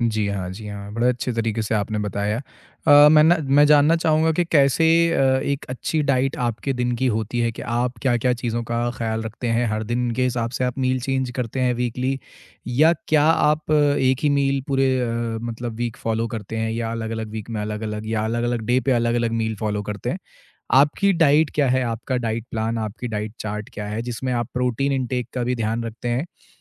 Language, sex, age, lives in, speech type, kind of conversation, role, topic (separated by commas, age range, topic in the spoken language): Hindi, male, 25-29, India, conversation, podcast, host, Vegetarian diet mein aap apne daily meals mein protein ke options kaise include karte hain?